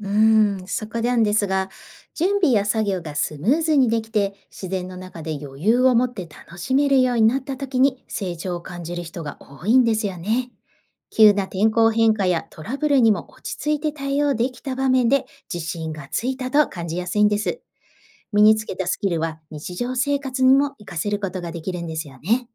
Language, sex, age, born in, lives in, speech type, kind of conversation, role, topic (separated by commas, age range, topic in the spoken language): Japanese, female, 45-49, Japan, Japan, read, expert-assistant, expert, アウトドア初心者はどのようにしてスキルを効率よく身につけられますか？